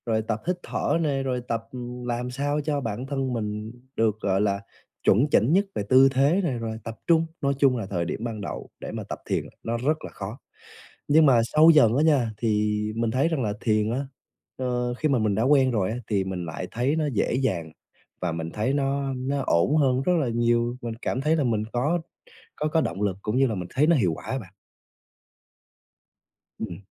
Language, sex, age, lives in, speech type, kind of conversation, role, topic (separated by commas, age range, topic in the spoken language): Vietnamese, male, 20-24, Vietnam, conversation, podcast, guest, Có những mẹo nào dành cho người mới bắt đầu tập thiền không?